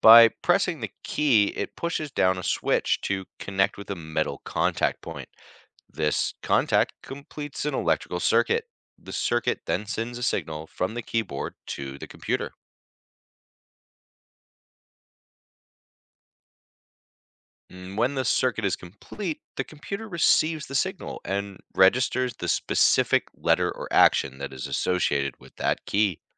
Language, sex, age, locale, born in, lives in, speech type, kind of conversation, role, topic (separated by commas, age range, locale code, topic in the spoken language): English, male, 35-39, en-US, United States, United States, read, expert-assistant, expert, How does a mechanical keyboard register keystrokes?